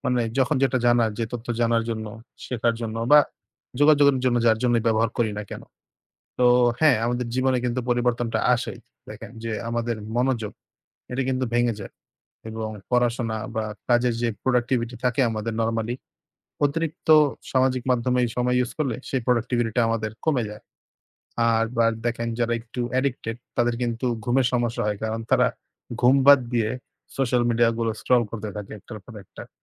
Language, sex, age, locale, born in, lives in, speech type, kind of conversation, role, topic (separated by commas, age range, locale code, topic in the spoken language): Bengali, male, 20-24, bn-BD, Bangladesh, Bangladesh, conversation, unstructured, none, সামাজিক মাধ্যমে অতিরিক্ত সময় কাটানো কি ভালো, নাকি খারাপ?